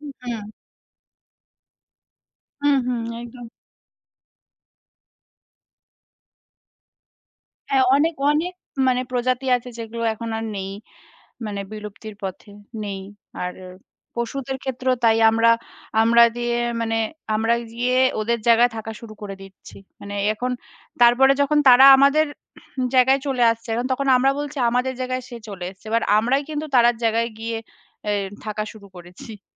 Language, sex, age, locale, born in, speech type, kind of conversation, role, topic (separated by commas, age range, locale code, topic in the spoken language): Bengali, female, 30-34, bn-IN, India, conversation, unstructured, none, প্রকৃতির প্রতি মানুষের অবহেলা কেন বন্ধ করা উচিত?